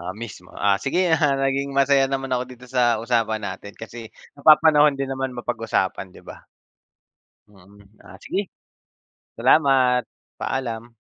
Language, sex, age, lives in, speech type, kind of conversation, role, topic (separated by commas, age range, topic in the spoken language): Filipino, male, 30-34, Philippines, conversation, unstructured, none, Ano ang palagay mo sa epekto ng midyang panlipunan sa mga balita?